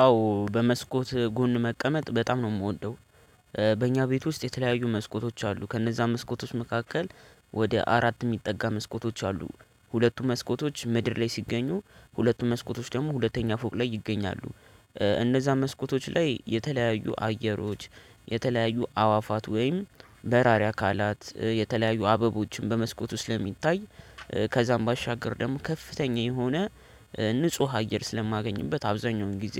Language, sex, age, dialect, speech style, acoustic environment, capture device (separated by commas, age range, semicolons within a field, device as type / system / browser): Amharic, male, 18-24, Ethiopia - Addis Ababa, happy, people talking; nature, desktop / Linux / Chrome